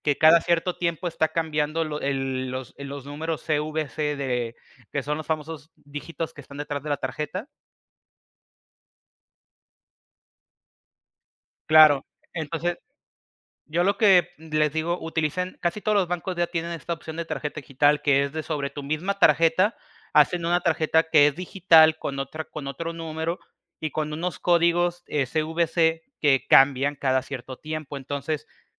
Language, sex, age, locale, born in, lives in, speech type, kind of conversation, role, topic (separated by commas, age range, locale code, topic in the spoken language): Spanish, male, 25-29, es-MX, Mexico, Mexico, conversation, podcast, guest, ¿Cómo te proteges del fraude y del phishing en internet?